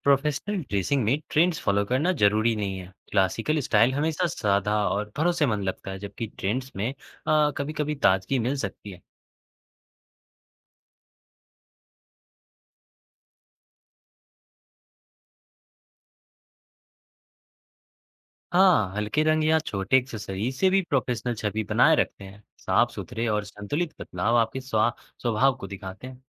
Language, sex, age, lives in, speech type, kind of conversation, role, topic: Hindi, male, 20-24, India, read, expert-assistant, expert, क्या अपने पहनावे की वजह से आत्मविश्वास बढ़ सकता है? सही चुनाव कैसे करें?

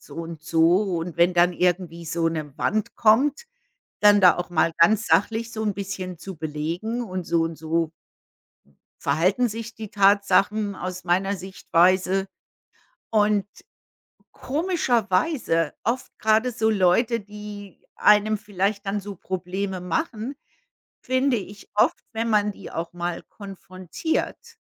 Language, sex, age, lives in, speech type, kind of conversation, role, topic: German, female, 55-59, United States, conversation, unstructured, none, Wie wichtig ist es, über Probleme zu sprechen?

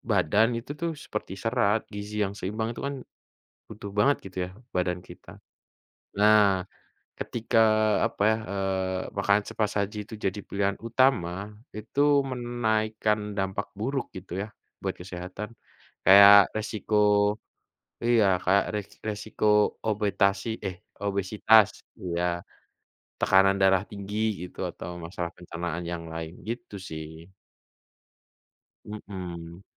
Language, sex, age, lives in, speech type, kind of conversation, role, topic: Indonesian, male, 25-29, Indonesia, conversation, unstructured, none, Apakah makanan cepat saji layak dijadikan pilihan utama?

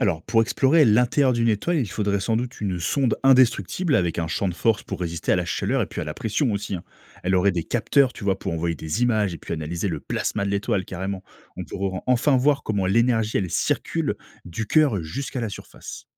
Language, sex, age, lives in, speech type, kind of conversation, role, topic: French, male, 30-34, France, read, expert-assistant, expert, Si tu pouvais inventer un objet pour explorer l’intérieur d’une étoile, à quoi il ressemblerait ?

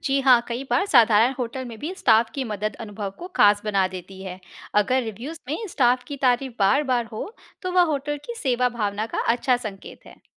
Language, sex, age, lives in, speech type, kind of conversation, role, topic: Hindi, female, 35-39, India, read, expert-assistant, expert, क्या होटल रेटिंग्स और ऑनलाइन समीक्षाएं वास्तव में विश्वसनीय होती हैं, या इनमें अक्सर भ्रम और झूठे दावे होते हैं?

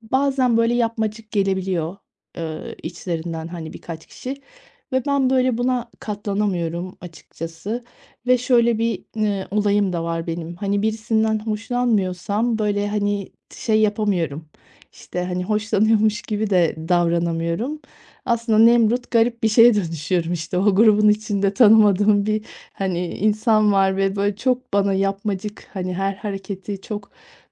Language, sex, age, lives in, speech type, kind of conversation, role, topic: Turkish, female, 40-44, Spain, conversation, advice, user, Parti ve davetlerde sosyal kaygımla nasıl başa çıkabilirim?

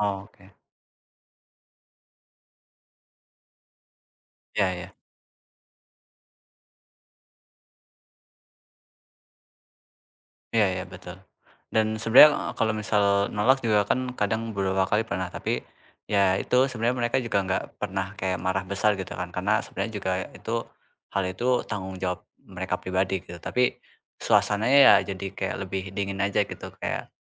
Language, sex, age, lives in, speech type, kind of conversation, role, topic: Indonesian, male, 20-24, Indonesia, conversation, advice, user, Bagaimana cara menolak permintaan tambahan tanpa merasa bersalah?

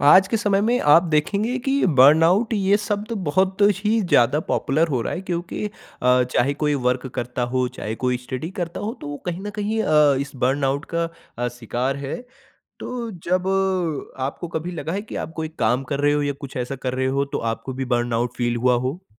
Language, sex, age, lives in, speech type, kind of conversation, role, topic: Hindi, male, 40-44, India, conversation, podcast, host, Burnout se tumhari kaam ki pehchaan kaise affect hoti hai?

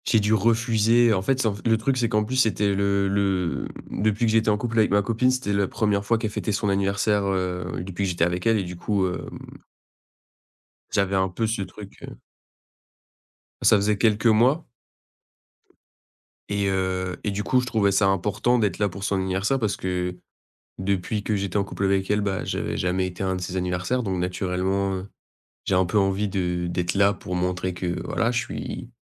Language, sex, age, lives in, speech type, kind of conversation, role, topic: French, male, 20-24, France, conversation, advice, user, Comment dire non à un proche sans le blesser ?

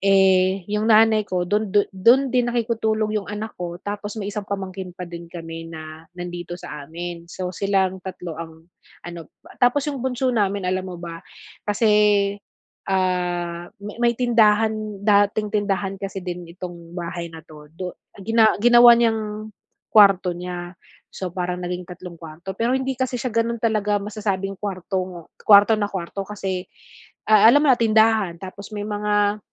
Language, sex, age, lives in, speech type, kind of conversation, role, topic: Filipino, female, 40-44, Philippines, conversation, advice, user, Paano ko malalaman kung alin sa maraming layunin ang dapat kong unahin?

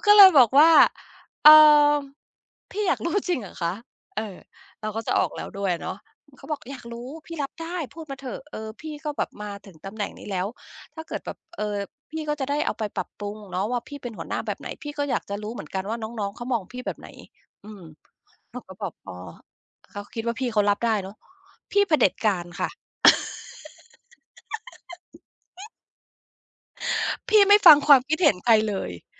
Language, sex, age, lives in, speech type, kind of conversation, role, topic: Thai, female, 50-54, United States, conversation, podcast, guest, คุณมักเลือกใช้ถ้อยคำแบบไหนเมื่อต้องบอกความจริงที่อาจทำให้อีกฝ่ายเจ็บ?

